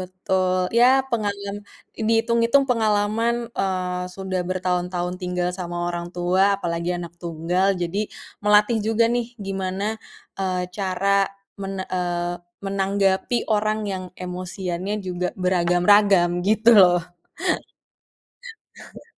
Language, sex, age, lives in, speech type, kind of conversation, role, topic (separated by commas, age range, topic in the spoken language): Indonesian, female, 30-34, Indonesia, conversation, podcast, guest, Gimana pembagian tugas rumah tangga di rumahmu?